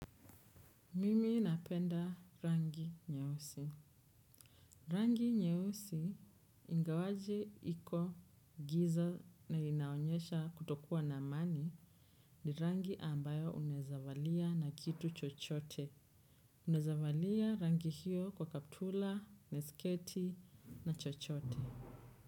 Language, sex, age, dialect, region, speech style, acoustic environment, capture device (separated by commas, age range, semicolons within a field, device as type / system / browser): Swahili, female, 25-34, Kenya - Nairobi Sheng-influenced, Kenya, relaxed, appliances, desktop / Windows / Chrome